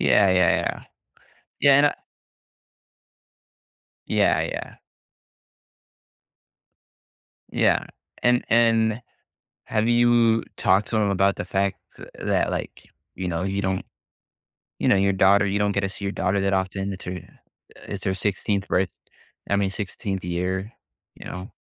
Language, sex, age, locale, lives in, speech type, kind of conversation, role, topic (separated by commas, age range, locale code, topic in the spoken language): English, male, 20-24, en-US, United States, conversation, advice, advisor, How can I calmly address feeling misunderstood by my family?